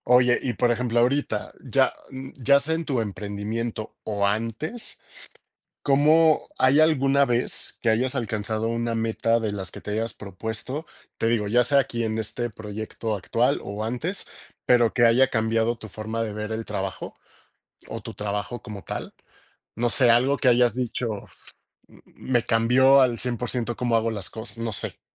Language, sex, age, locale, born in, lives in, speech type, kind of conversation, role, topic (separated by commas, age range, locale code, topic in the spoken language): Spanish, male, 35-39, es-MX, Mexico, Mexico, conversation, unstructured, none, ¿Cómo te sientes cuando alcanzas un objetivo en el trabajo?